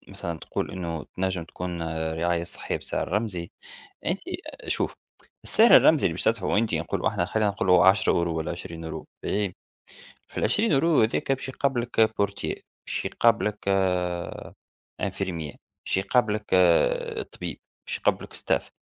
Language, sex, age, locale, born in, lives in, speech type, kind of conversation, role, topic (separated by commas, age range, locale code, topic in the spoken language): Arabic, male, 30-34, ar-TN, Tunisia, United States, conversation, unstructured, none, هل شايف إن الضرائب عالية بشكل مش عادل؟